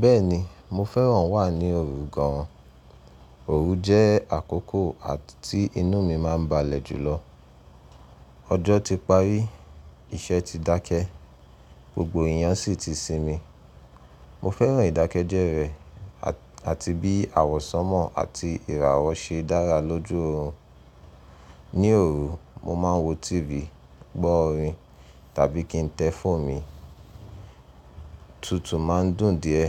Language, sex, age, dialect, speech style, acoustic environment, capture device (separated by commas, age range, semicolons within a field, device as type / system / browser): Yoruba, male, 25-34, Nigeria - Nigerian Standard, relaxed, silence, mobile / Linux / Chrome